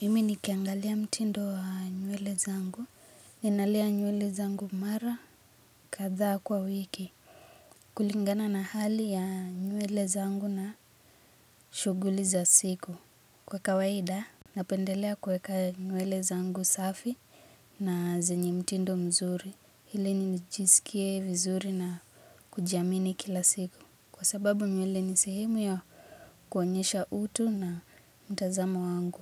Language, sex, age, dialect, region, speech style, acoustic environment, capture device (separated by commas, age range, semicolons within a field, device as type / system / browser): Swahili, female, 18-24, Kenya - Nairobi Sheng-influenced, Kenya, focused; relaxed, nature, mobile / Linux / Chrome